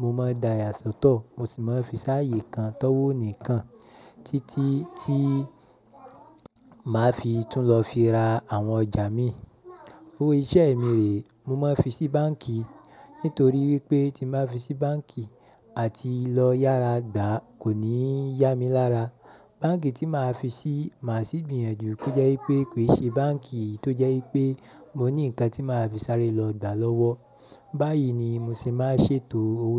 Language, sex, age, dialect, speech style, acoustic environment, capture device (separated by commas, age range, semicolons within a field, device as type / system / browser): Yoruba, male, 25-34, Nigeria - Ibadan, happy; relaxed; excited; focused, people talking; appliances, mobile / Linux / Chrome